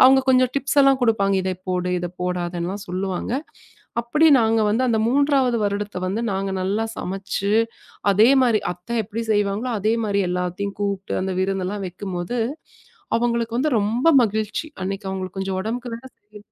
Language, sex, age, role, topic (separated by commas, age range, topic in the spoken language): Tamil, female, 35-39, guest, மரபுகளை இழக்காமல் வைத்துக்கொள்ள ஒரு எளிய வழி என்ன?